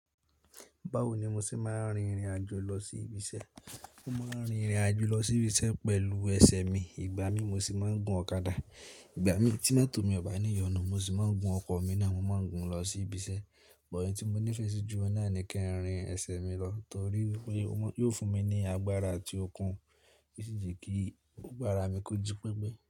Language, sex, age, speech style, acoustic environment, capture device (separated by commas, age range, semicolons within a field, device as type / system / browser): Yoruba, male, 18-24, stressed, silence, mobile / Linux / Chrome